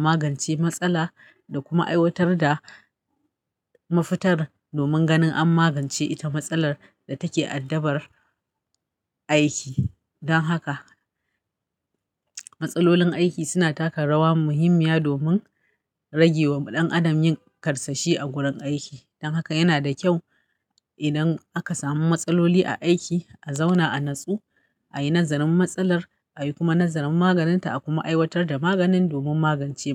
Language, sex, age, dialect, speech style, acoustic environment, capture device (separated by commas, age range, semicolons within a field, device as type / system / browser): Hausa, female, 35-44, Nigeria - Kano, happy, nature; silence, mobile / Linux / Chrome